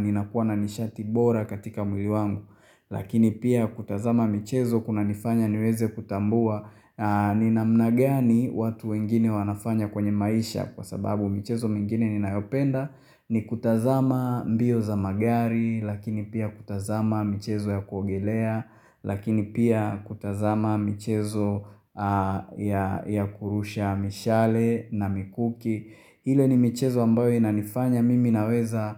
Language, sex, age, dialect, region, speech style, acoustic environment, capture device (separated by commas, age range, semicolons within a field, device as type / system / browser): Swahili, male, 25-34, Tanzania - Dar es Salaam, Tanzania, happy; excited; focused, silence, mobile / Linux / Chrome